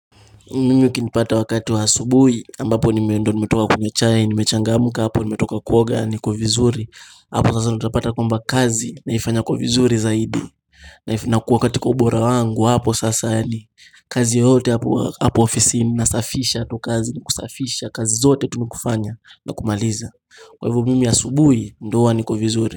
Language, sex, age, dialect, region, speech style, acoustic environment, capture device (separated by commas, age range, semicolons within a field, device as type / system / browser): Swahili, male, 18-24, Kenya - Mombasa, Kenya, happy; anxious, people talking; music; nature, mobile / Linux / Chrome